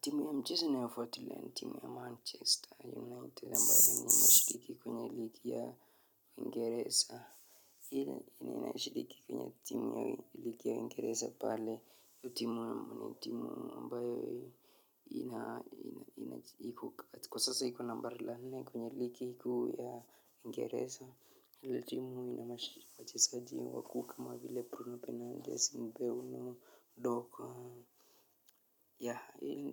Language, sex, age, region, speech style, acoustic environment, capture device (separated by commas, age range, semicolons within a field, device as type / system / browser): Swahili, male, 25-34, Kenya, anxious, nature, mobile / Linux / Chrome